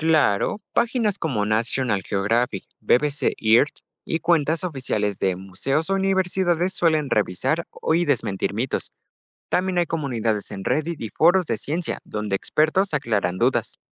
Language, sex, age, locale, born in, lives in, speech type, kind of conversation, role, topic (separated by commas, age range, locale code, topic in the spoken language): Spanish, male, 18-19, es-MX, Mexico, Mexico, read, expert-assistant, expert, ¿Cómo puedo saber si una noticia sobre animales marinos en redes sociales es confiable o solo es un mito viral?